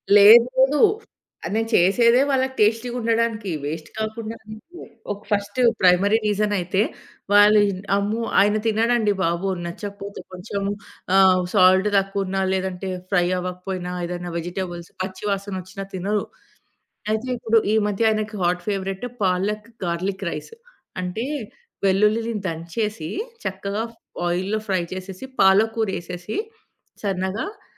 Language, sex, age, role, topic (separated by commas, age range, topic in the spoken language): Telugu, female, 30-34, guest, మిగిలిన వంటకాలను మనసుకు హాయిగా అనిపించే భోజనంగా ఎలా మార్చుకుంటారు?